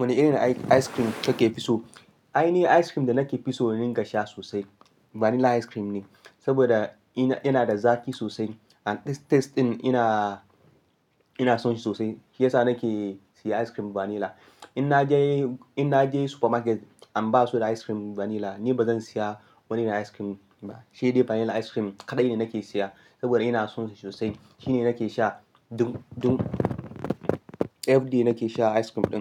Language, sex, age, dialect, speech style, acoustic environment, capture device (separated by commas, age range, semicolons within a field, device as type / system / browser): Hausa, male, 25-34, Nigeria - Ibadan, excited, silence; nature, mobile / Linux / Chrome